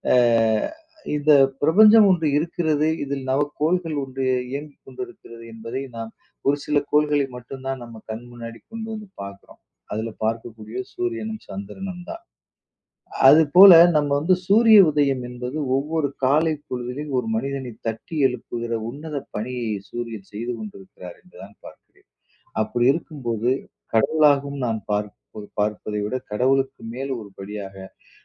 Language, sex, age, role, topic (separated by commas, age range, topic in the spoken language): Tamil, male, 40-44, guest, சூரிய உதயத்தைப் பார்க்கும்போது நீங்கள் என்ன கவனிக்கிறீர்கள்?